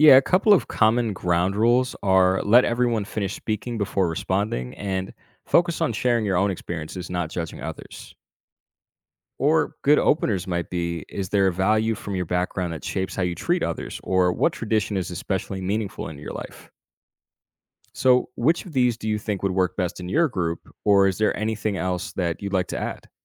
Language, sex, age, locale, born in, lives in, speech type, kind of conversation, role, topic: English, male, 25-29, en-US, United States, United States, read, expert-assistant, expert, How can studying world religions encourage greater tolerance?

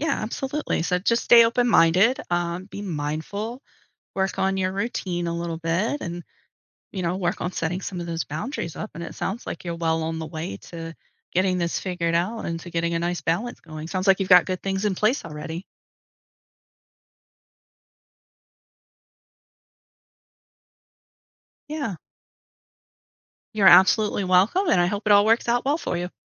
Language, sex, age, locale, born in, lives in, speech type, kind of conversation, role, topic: English, female, 40-44, en-US, United States, United States, conversation, advice, advisor, How can I set better boundaries so work doesn't take over my personal life?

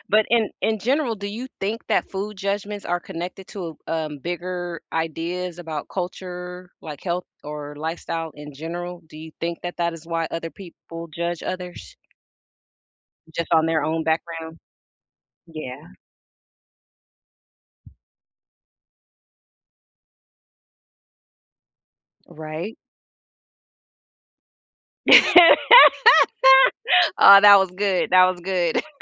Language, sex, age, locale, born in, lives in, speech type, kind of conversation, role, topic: English, female, 50-54, en-US, United States, United States, conversation, unstructured, none, How should I respond when people judge me for what I eat?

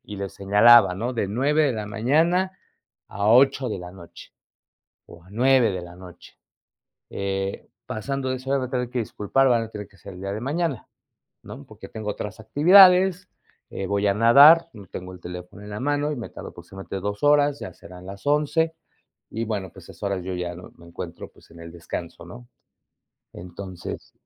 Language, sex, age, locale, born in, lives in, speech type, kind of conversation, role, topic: Spanish, male, 45-49, es-MX, Mexico, Mexico, conversation, unstructured, none, ¿Te molesta que te pidan responder correos o mensajes fuera del horario laboral?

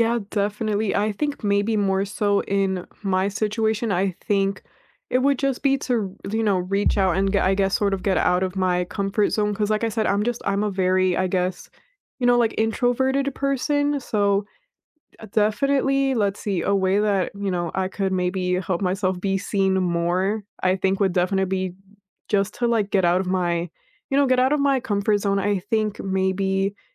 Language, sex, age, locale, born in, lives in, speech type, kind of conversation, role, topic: English, female, 20-24, en-US, United States, United States, conversation, unstructured, none, When have you felt truly seen by someone?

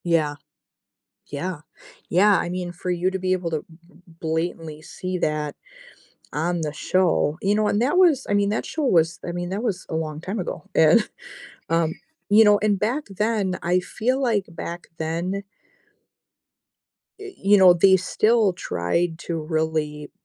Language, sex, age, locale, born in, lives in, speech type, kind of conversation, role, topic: English, female, 40-44, en-US, United States, United States, conversation, unstructured, none, How do reality TV shows shape our views and conversations about society?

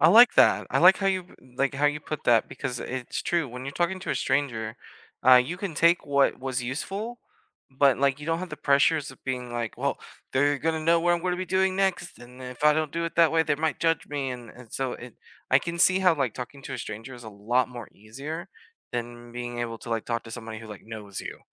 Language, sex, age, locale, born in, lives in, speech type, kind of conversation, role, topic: English, male, 25-29, en-US, United States, United States, conversation, unstructured, none, How do you recharge after a busy day?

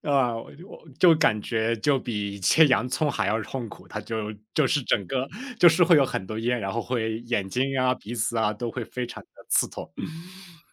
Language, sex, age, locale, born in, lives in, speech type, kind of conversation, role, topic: Chinese, male, 25-29, zh-CN, China, United States, conversation, podcast, guest, 你家里有没有代代相传的拿手菜可以和大家分享？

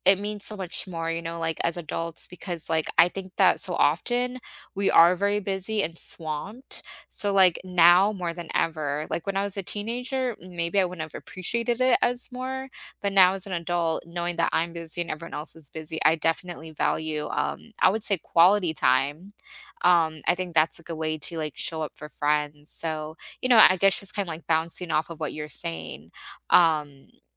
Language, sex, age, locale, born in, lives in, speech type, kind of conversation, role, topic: English, female, 25-29, en-US, United States, United States, conversation, unstructured, none, How can you show up better for your friends?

